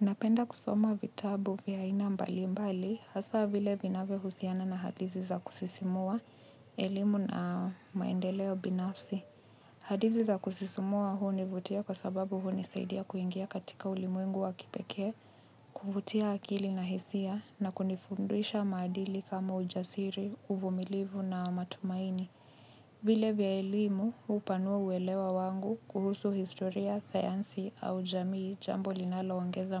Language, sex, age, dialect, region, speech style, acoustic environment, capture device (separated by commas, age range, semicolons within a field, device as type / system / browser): Swahili, female, 25-34, Kenya - Nairobi Sheng-influenced, Kenya, happy, people talking, mobile / Linux / Chrome